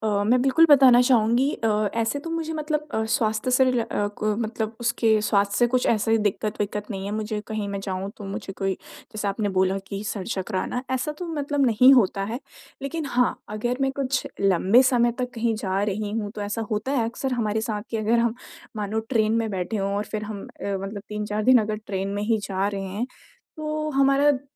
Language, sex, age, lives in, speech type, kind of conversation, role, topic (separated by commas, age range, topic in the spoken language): Hindi, female, 20-24, India, conversation, advice, user, मैं नई जगह की अनिश्चितताओं के साथ खुद को कैसे ढालूँ?